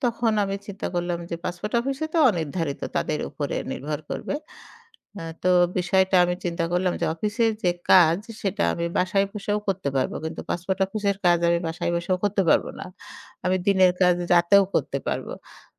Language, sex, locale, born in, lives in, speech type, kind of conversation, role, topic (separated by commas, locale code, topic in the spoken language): Bengali, female, bn-BD, Bangladesh, Bangladesh, conversation, podcast, guest, আপনি কাজগুলোকে কীভাবে অগ্রাধিকার দেন?